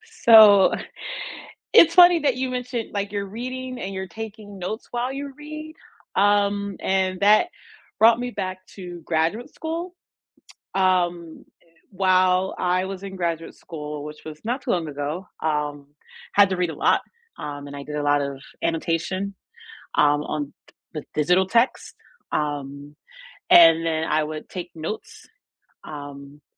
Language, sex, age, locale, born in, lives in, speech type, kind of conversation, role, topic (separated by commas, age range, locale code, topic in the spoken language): English, female, 35-39, en-US, United States, United States, conversation, unstructured, none, Paper notes vs. digital note-taking apps: what helps you remember better, and what tips can you share with each other?